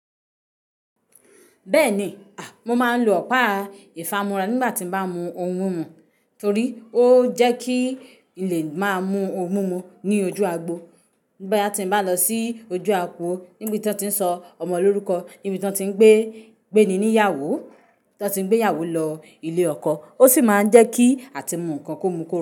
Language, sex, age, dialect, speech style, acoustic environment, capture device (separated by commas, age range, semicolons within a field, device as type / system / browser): Yoruba, female, 25-34, Nigeria - Ibadan, relaxed; happy; excited; focused, silence, mobile / Linux / Chrome